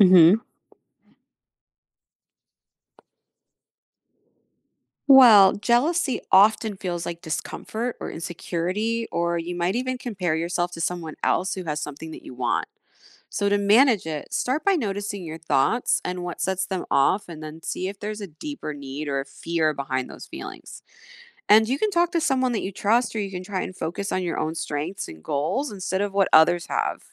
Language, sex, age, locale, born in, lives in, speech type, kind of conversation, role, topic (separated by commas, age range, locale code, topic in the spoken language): English, female, 40-44, en-US, United States, United States, read, expert-assistant, expert, How can you identify and handle jealousy?